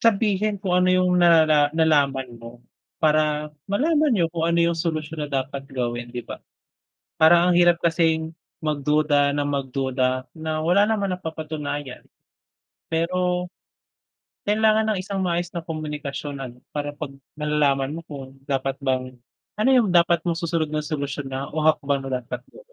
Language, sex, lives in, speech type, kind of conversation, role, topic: Filipino, male, Philippines, conversation, unstructured, none, Ano ang ibig sabihin ng tiwala para sa’yo sa isang relasyon?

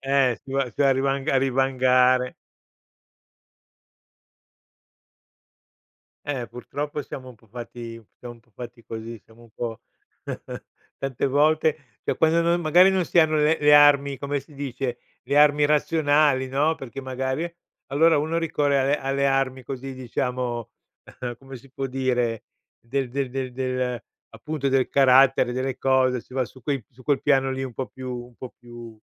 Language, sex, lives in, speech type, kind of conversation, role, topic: Italian, male, Italy, conversation, podcast, host, Come gestisci una discussione che si scalda troppo?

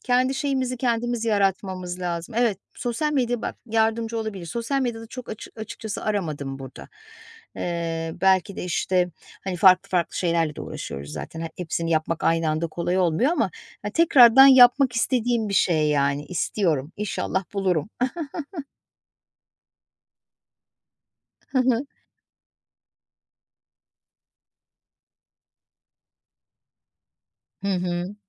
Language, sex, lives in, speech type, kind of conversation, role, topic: Turkish, female, Poland, conversation, unstructured, none, Eskiden çok sevdiğin bir hobiyi neden bıraktın?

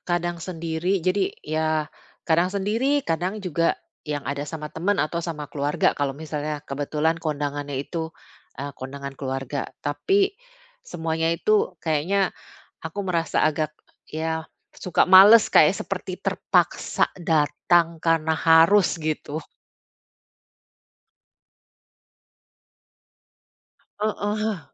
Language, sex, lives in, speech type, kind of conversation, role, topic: Indonesian, female, Netherlands, conversation, advice, user, Apa yang membuat saya merasa canggung saat pesta dan perayaan?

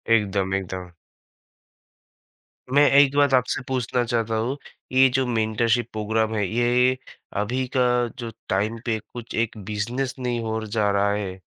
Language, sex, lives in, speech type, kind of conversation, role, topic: Hindi, male, India, conversation, podcast, host, आपके करियर में किसी मेंटर ने कैसे फर्क डाला?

